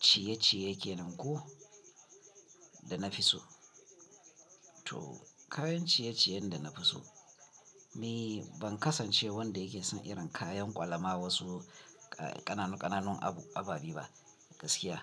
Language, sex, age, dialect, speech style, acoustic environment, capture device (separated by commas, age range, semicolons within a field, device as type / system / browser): Hausa, male, 35-44, Nigeria - Kano, happy, people talking, mobile / Linux / Chrome